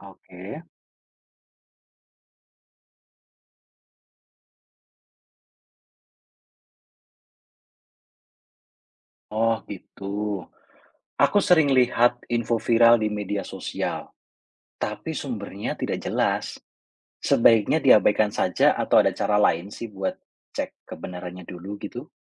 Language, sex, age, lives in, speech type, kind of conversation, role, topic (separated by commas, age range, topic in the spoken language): Indonesian, male, 35-39, Indonesia, read, expert-assistant, asker, Bagaimana cara mengetahui apakah informasi kesehatan hewan yang kita temukan benar-benar akurat?